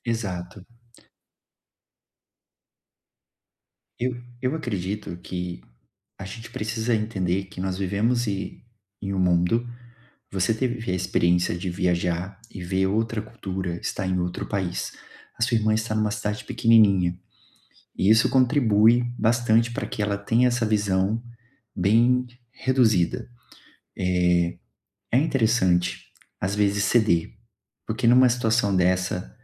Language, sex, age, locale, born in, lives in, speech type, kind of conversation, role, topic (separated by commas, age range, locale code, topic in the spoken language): Portuguese, male, 30-34, pt-BR, Brazil, Portugal, conversation, advice, advisor, Como posso quebrar o silêncio e lidar com o ressentimento entre membros da minha família?